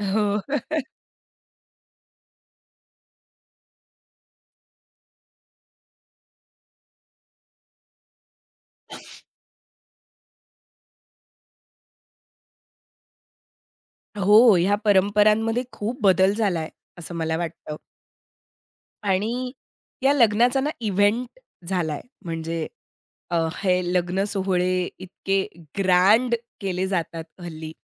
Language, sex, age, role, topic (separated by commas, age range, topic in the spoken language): Marathi, female, 30-34, guest, लग्नाच्या परंपरा आज कशा बदलल्या आहेत?